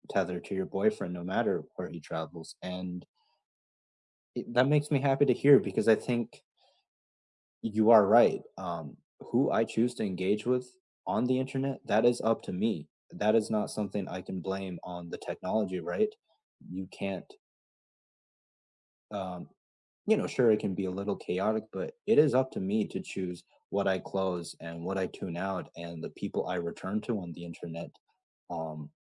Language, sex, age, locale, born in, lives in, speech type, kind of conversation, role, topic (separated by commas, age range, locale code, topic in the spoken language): English, male, 25-29, en-US, United States, United States, conversation, unstructured, none, How important is communication between partners?